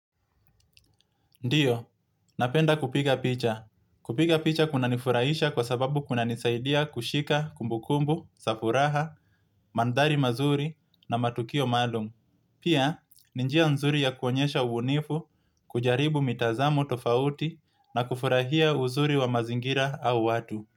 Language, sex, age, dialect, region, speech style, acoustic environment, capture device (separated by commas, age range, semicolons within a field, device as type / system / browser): Swahili, male, 25-34, Kenya - Mombasa, Kenya, happy; relaxed; excited; focused, nature; appliances, mobile / Linux / Chrome